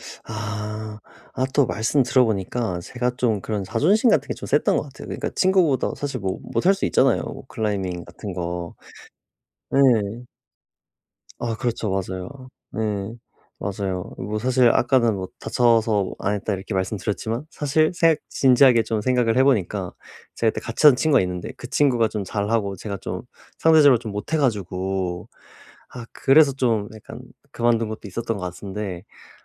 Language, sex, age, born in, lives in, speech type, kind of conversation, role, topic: Korean, male, 25-29, South Korea, South Korea, conversation, advice, user, 새로운 취미를 시작하려고 할 때 실패가 두려워서 시도를 피하게 되는데, 어떻게 하면 좋을까요?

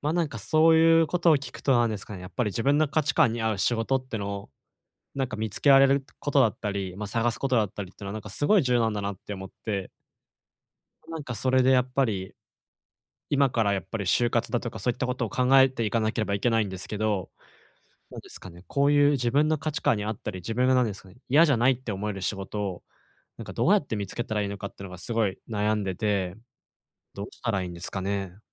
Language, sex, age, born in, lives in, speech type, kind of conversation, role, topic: Japanese, male, 20-24, Japan, Japan, conversation, advice, user, 自分の価値観に合う仕事はどうやって見つければよいですか？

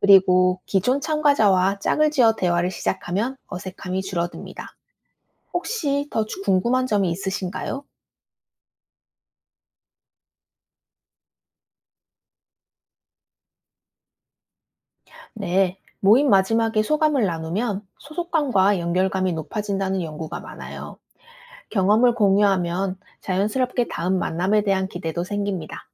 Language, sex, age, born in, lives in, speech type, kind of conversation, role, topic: Korean, female, 30-34, South Korea, United States, read, expert-assistant, expert, 동네 사람들이 더 자주 만나고 친해질 수 있는 새로운 방법이 있다면, 어떤 아이디어를 떠올릴 수 있을까요?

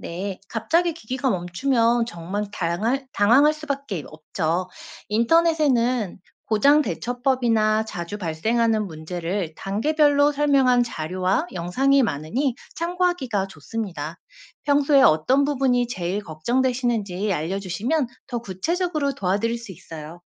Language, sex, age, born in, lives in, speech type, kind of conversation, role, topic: Korean, female, 35-39, South Korea, South Korea, read, expert-assistant, expert, 가축을 건강하게 키우면서도 일손이 부족할 때 어떤 똑똑한 방법들이 있을까요?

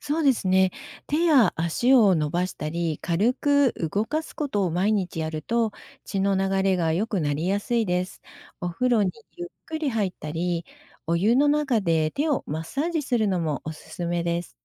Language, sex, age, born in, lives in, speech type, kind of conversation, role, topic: Japanese, female, 55-59, Japan, Japan, read, expert-assistant, expert, どうして冬に手がかじかむのか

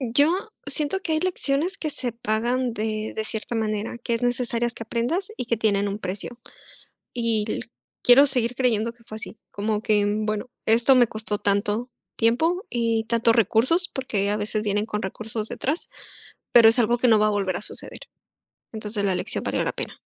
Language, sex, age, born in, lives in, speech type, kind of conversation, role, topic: Spanish, female, 25-29, Mexico, Mexico, conversation, unstructured, none, ¿Cómo reaccionas cuando alguien te decepciona?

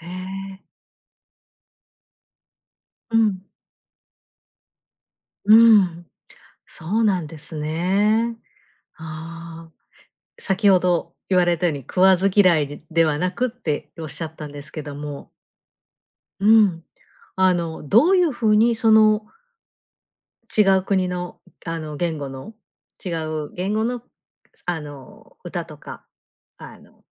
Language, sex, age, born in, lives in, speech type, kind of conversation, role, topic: Japanese, female, 50-54, Japan, Japan, conversation, podcast, host, 歌詞の言葉がわからない曲でも、魅力を感じることはありますか？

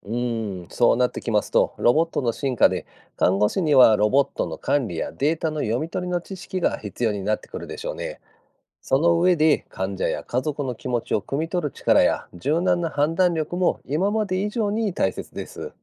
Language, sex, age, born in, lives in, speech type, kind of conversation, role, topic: Japanese, male, 50-54, Japan, Japan, read, expert-assistant, expert, もし未来の病院でロボットが患者のケアをするようになったら、人間の看護師との違いは何だと思いますか？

